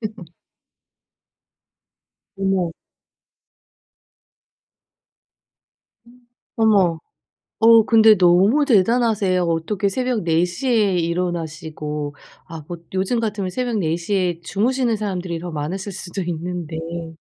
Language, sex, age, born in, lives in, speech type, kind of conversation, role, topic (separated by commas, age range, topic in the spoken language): Korean, female, 40-44, South Korea, United States, conversation, advice, advisor, 짧은 낮잠이 집중력과 생산성을 높이는 데 도움이 될까요?